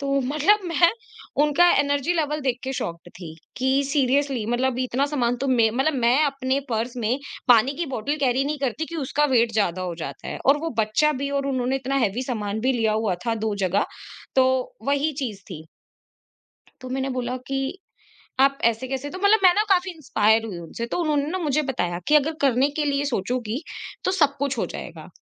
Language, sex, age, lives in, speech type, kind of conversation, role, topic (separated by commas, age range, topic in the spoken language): Hindi, female, 25-29, India, conversation, podcast, guest, Kis anjaan se milkar tumhari soch badal gayi thi?